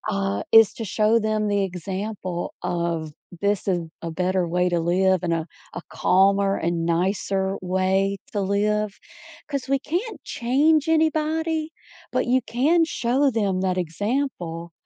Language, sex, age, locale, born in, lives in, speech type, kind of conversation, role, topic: English, female, 40-44, en-US, United States, United States, conversation, advice, advisor, How should I respond after finding out a close friend betrayed my trust?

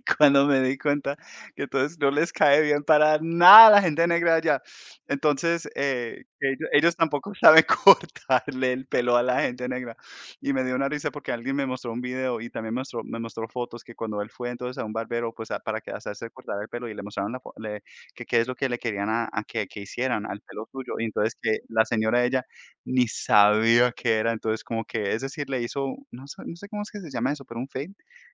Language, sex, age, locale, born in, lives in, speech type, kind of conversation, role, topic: Spanish, male, 30-34, es-CO, United States, United States, conversation, unstructured, none, ¿Cuál ha sido tu viaje más memorable hasta ahora?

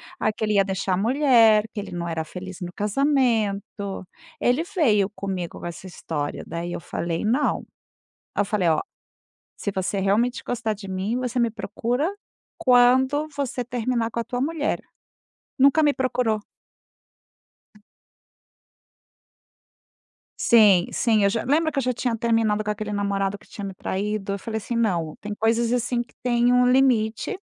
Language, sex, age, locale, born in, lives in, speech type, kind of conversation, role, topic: Portuguese, female, 50-54, pt-BR, Brazil, Spain, conversation, podcast, guest, Qual canção te lembra imediatamente um grande amor?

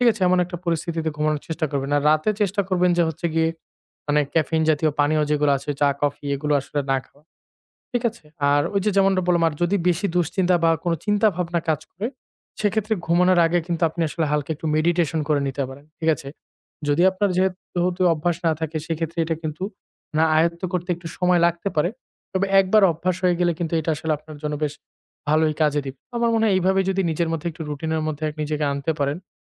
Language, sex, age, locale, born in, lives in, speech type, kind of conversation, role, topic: Bengali, male, 25-29, bn-BD, Bangladesh, Bangladesh, conversation, advice, advisor, ঘুমের আগে কীভাবে ফোন ও ট্যাবলেটের স্ক্রীন ব্যবহার কমাতে পারি?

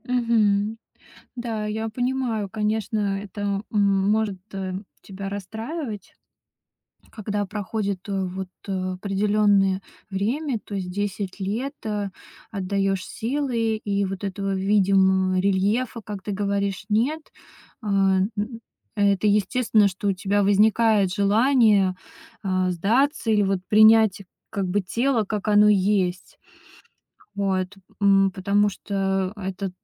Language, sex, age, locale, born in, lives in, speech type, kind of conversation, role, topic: Russian, female, 30-34, ru-RU, Russia, Estonia, conversation, advice, advisor, Что вы чувствуете во время тренировок, когда не видите результатов и испытываете застой и разочарование?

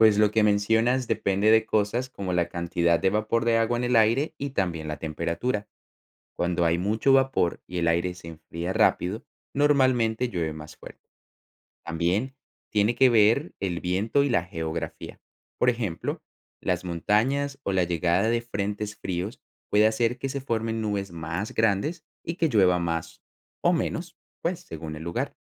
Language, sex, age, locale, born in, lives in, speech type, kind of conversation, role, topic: Spanish, male, 25-29, es-CO, Colombia, Netherlands, read, expert-assistant, expert, ¿Cómo se produce la lluvia?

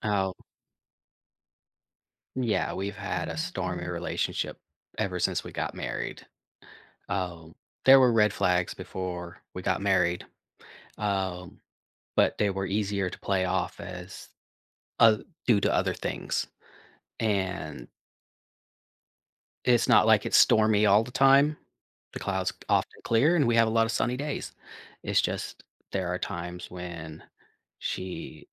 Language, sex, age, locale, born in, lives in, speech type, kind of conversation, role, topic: English, male, 45-49, en-US, United States, United States, conversation, advice, user, How can I discuss a recent disagreement with my partner?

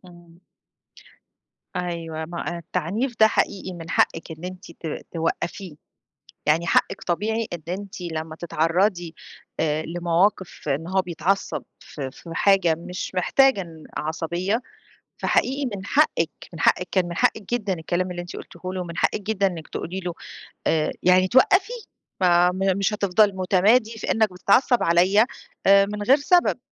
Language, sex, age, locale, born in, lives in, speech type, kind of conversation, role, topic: Arabic, female, 40-44, ar-EG, Egypt, Greece, conversation, advice, advisor, إزاي بتوصف إحساسك بالذنب ولوم نفسك بعد الانفصال؟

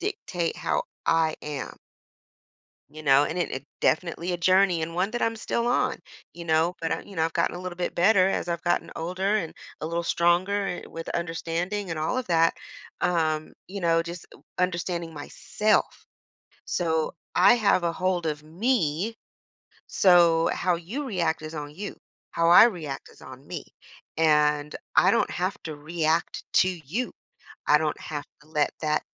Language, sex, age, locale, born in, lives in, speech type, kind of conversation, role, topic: English, female, 45-49, en-US, United States, United States, conversation, podcast, guest, How do you approach difficult conversations while staying true to your values and showing compassion?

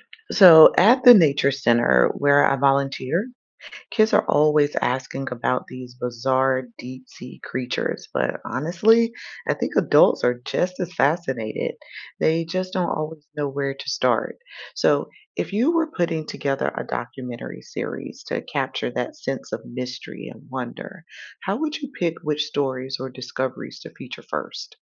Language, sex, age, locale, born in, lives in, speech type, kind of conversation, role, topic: English, female, 45-49, en-US, United States, United States, read, expert-assistant, asker, How would you organize a deep ocean documentary series?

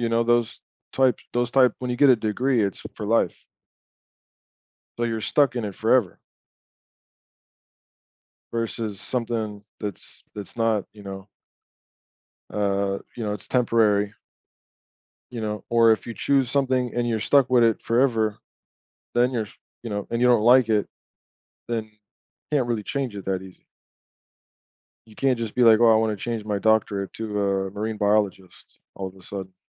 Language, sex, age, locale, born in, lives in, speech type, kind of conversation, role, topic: English, male, 35-39, en-US, United States, United States, conversation, unstructured, none, Would you rather invest in relationships or personal growth?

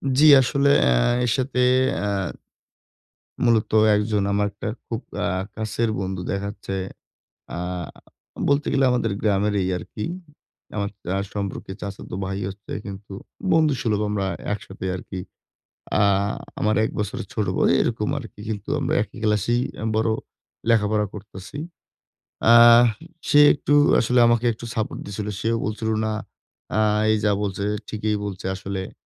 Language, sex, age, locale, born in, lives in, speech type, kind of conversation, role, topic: Bengali, male, 20-24, bn-BD, Bangladesh, Bangladesh, conversation, advice, user, দল বা বন্ধুদের মধ্যে মতপার্থক্য হলে কীভাবে তা মীমাংসা করা উচিত?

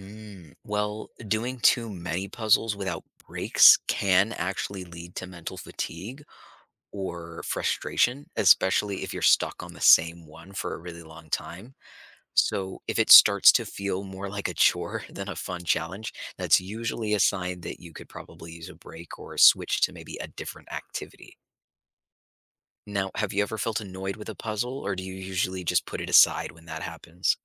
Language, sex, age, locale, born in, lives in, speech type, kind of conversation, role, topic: English, male, 25-29, en-US, United States, United States, read, expert-assistant, expert, Why do people enjoy solving puzzles and riddles?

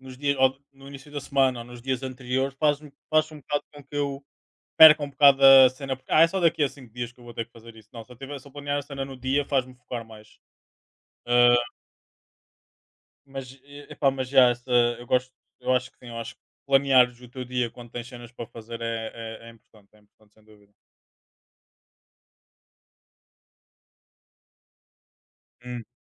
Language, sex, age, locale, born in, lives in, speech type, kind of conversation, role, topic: Portuguese, male, 25-29, pt-PT, Portugal, Portugal, conversation, unstructured, none, Qual é a sua rotina para ter um dia produtivo?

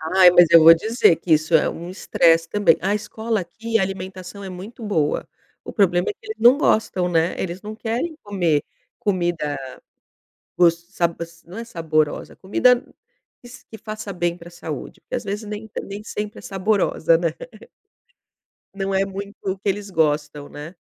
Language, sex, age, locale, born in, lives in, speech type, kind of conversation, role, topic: Portuguese, female, 50-54, pt-BR, Brazil, Portugal, conversation, advice, user, Como você descreve o estresse que sente após uma grande mudança de vida?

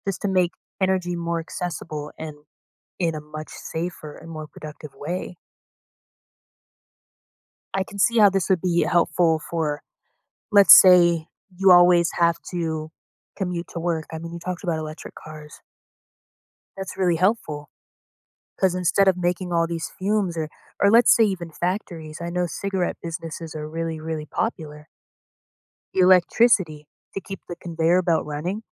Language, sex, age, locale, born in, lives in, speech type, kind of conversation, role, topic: English, female, 25-29, en-US, United States, United States, conversation, podcast, guest, In what ways is technology shaping our efforts to protect the environment?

